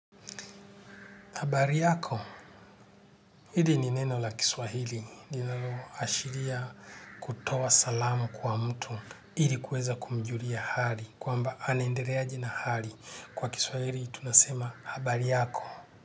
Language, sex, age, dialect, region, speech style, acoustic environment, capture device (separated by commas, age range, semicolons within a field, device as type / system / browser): Swahili, male, 45-54, Kenya - Nairobi Sheng-influenced, Kenya, happy; relaxed, nature; silence, desktop / Linux / Chrome